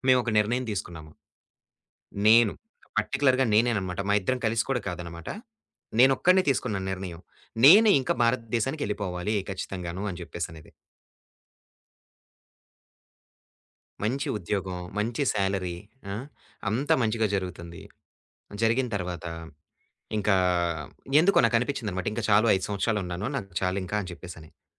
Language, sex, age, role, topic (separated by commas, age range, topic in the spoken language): Telugu, male, 25-29, guest, ఒక పెద్ద నష్టానంతరం మీరు ఎలా మళ్లీ కొత్తగా ప్రారంభించారు?